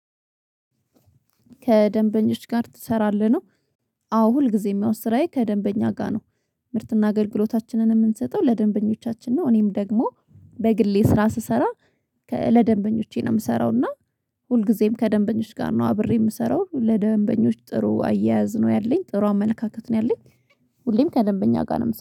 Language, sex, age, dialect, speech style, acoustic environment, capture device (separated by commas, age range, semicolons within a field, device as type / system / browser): Amharic, female, 25-34, Ethiopia - Addis Ababa, happy; relaxed; excited; focused, silence, mobile / Linux / Chrome